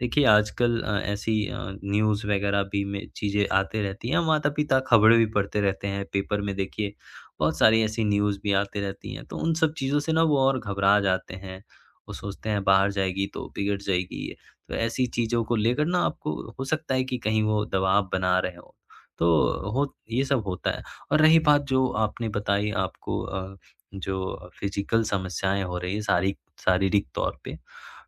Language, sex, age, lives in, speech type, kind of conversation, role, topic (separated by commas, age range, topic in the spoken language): Hindi, male, 20-24, India, conversation, advice, advisor, आप अपने करियर में सफलता को लेकर सामाजिक अपेक्षाओं के दबाव का अनुभव कैसे कर रहे हैं?